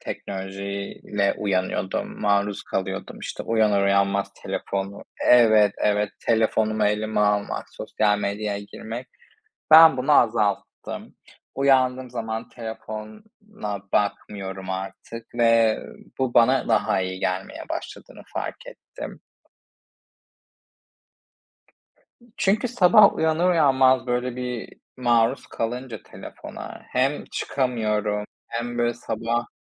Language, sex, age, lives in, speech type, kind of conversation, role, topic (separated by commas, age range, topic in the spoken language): Turkish, male, 25-29, Spain, conversation, unstructured, none, Güne başlarken en sevdiğin alışkanlık nedir?